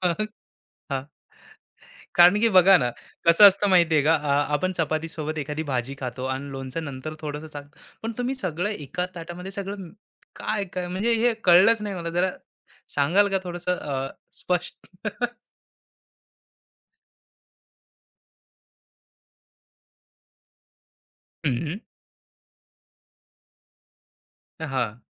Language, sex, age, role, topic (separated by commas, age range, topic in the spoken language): Marathi, male, 25-29, host, दुःखाच्या वेळी तुला सर्वात जास्त सांत्वन देणारा पदार्थ कोणता आहे?